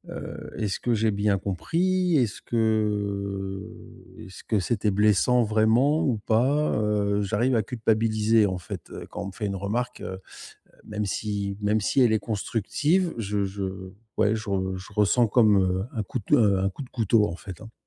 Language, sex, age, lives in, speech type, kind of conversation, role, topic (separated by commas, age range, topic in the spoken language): French, male, 50-54, Spain, conversation, advice, user, Comment transformer une critique en opportunité d’apprentissage sans se décourager ?